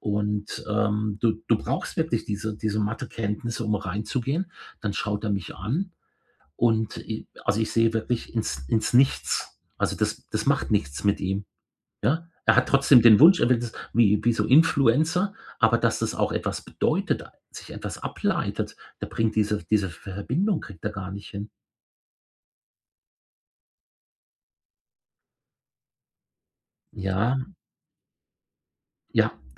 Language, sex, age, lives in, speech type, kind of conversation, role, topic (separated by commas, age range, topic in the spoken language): German, male, 55-59, Germany, conversation, advice, user, Wie kann ich Ablenkungen während geplanter Konzentrationsphasen im Alltag wirksam minimieren?